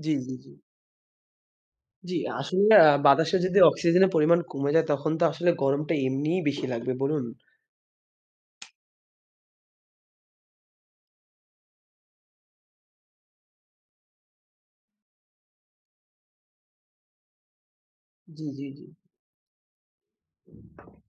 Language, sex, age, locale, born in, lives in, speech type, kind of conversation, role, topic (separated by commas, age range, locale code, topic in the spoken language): Bengali, male, 20-24, bn-BD, Bangladesh, Bangladesh, conversation, unstructured, none, পরিবেশ রক্ষা করার সবচেয়ে সহজ উপায় কী হতে পারে?